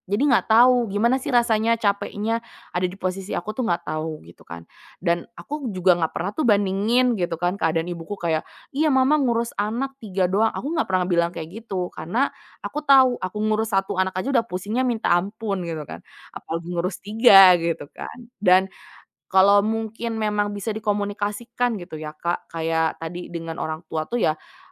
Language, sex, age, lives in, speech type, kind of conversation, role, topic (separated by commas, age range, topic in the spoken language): Indonesian, female, 25-29, Indonesia, conversation, podcast, guest, Bagaimana kamu mengatasi kebiasaan membandingkan diri dengan orang lain?